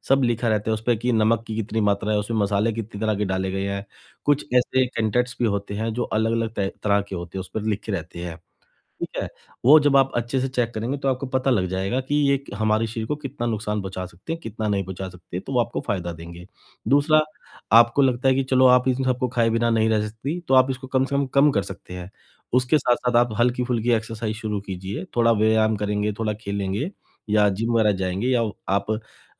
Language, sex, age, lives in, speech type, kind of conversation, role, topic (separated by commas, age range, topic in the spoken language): Hindi, male, 35-39, India, conversation, advice, advisor, मैं प्रसंस्कृत खाद्य पदार्थों का सेवन कम करने के लिए क्या शुरू करूँ?